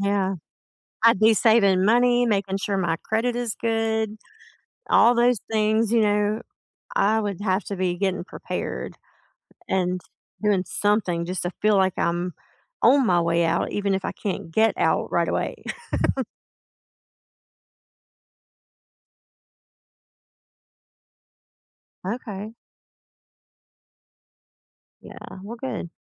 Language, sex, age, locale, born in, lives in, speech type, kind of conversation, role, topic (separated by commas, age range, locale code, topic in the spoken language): English, female, 45-49, en-US, United States, United States, conversation, unstructured, none, Have you ever felt ashamed of who you are, and why?